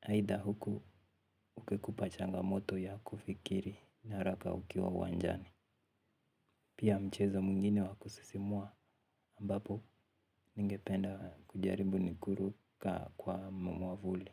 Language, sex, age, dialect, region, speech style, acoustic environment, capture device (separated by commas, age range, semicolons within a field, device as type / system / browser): Swahili, male, 18-24, Kenya - Nairobi Sheng-influenced, Kenya, relaxed; happy; focused, silence, mobile / Linux / Chrome